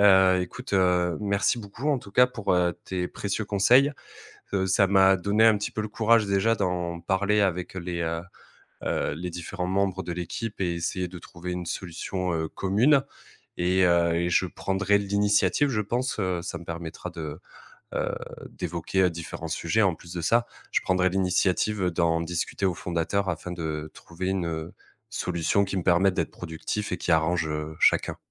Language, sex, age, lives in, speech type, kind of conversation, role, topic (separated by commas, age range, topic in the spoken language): French, male, 30-34, France, conversation, advice, user, Comment réduire le nombre de réunions et protéger votre temps de travail productif ?